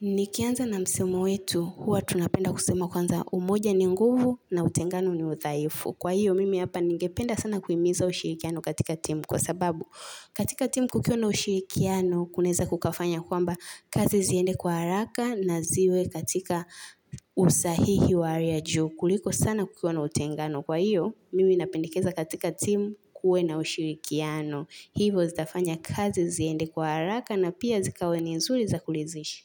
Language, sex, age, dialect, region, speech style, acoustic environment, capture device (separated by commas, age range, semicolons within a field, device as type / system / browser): Swahili, female, 18-24, Tanzania - Dar es Salaam, Tanzania, happy; relaxed, nature, mobile / Linux / Chrome